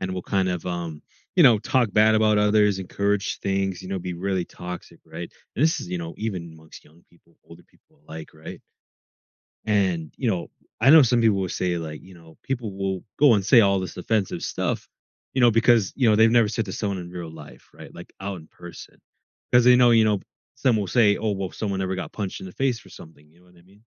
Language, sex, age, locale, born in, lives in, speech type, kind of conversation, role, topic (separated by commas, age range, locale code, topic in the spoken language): English, male, 20-24, en-US, United States, United States, conversation, podcast, host, How can I best learn from my mistakes?